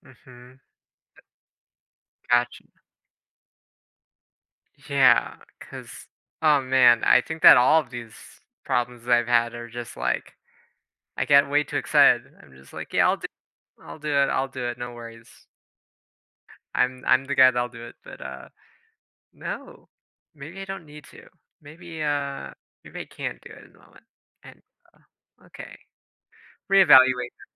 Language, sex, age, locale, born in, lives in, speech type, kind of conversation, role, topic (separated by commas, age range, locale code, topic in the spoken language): English, male, 20-24, en-US, United States, United States, conversation, advice, user, How can I cope with guilt and make amends after breaking a promise?